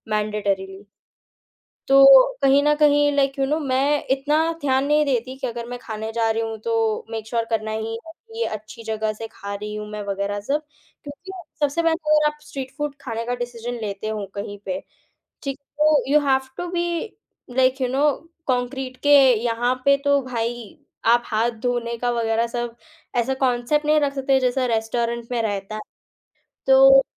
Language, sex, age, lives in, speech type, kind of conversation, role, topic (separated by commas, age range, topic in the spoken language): Hindi, female, 18-19, India, conversation, podcast, guest, Street food try karte waqt tum kin baaton ka dhyaan rakhte ho?